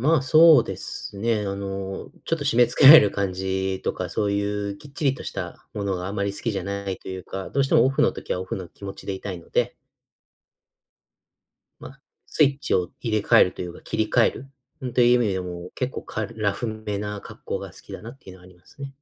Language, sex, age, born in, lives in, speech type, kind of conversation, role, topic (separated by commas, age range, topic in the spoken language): Japanese, male, 30-34, United States, United States, conversation, podcast, guest, いちばんお気に入りの服はどんな服で、なぜそれが好きなのですか？